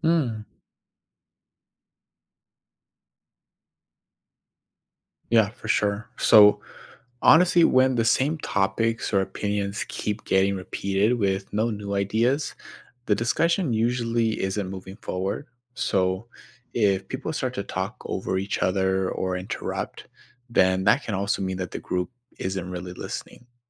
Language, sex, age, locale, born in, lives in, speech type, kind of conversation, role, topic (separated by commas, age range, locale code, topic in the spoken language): English, male, 25-29, en-US, United States, United States, read, expert-assistant, expert, What are signs that a group discussion is unproductive?